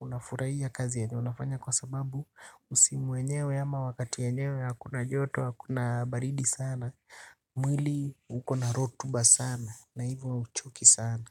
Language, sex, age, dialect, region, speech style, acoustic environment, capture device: Swahili, male, 25-34, Kenya - Nairobi Sheng-influenced, Kenya, relaxed; happy; excited; focused, silence, desktop / Linux / Chrome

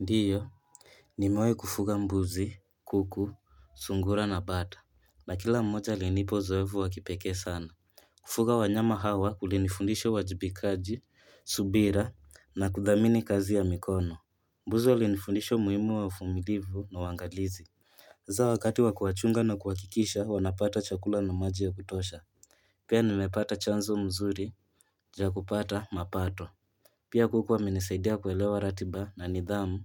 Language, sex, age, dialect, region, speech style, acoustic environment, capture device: Swahili, male, 18-24, Kenya - Nairobi Sheng-influenced, Kenya, relaxed, nature, mobile / Linux / Chrome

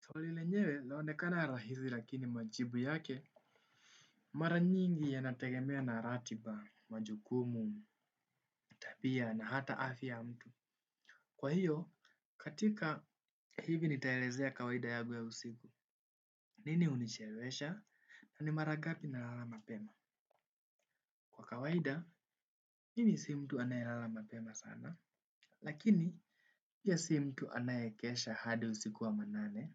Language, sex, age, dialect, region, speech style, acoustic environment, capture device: Swahili, male, 18-24, Kenya - Nairobi Sheng-influenced, Kenya, relaxed, silence, desktop / Windows / Chrome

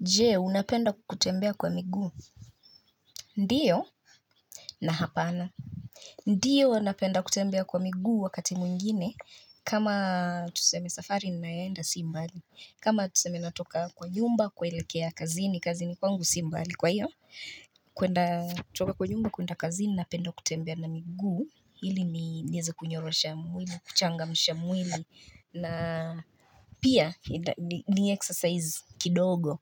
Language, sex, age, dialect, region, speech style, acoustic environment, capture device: Swahili, female, 25-34, Kenya - Nairobi Sheng-influenced, Kenya, relaxed, silence, mobile / Linux / Chrome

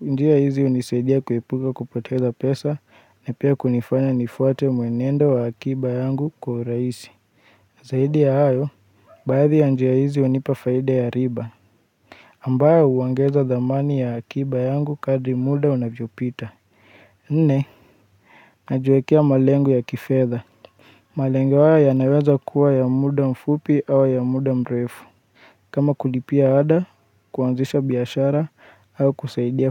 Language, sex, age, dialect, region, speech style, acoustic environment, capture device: Swahili, male, 25-34, Kenya - Nairobi Sheng-influenced, Kenya, relaxed, silence, mobile / Linux / Chrome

